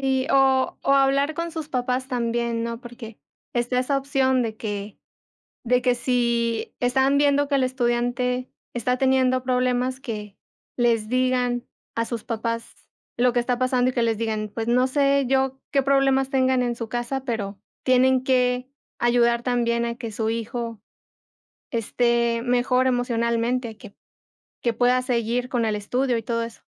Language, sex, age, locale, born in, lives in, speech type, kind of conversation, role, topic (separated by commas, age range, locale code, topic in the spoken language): Spanish, female, 25-29, es-MX, Mexico, Mexico, conversation, unstructured, none, ¿Cómo influye el ambiente familiar en el rendimiento escolar?